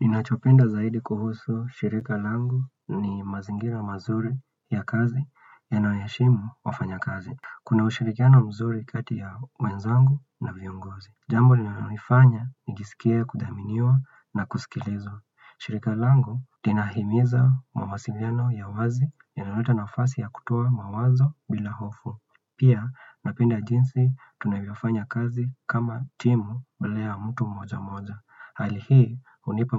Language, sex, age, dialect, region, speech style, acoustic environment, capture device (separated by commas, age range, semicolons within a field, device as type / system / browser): Swahili, male, 25-34, Kenya - Nairobi Sheng-influenced, Kenya, relaxed, silence, desktop / Windows / Chrome